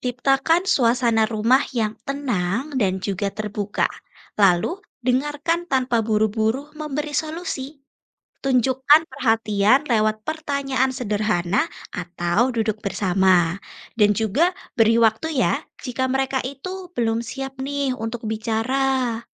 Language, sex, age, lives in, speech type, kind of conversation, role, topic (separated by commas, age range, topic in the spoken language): Indonesian, female, 20-24, Indonesia, read, expert-assistant, expert, Bagaimana Cara Mewujudkan Suasana Rumah yang Menenangkan untuk Keluarga?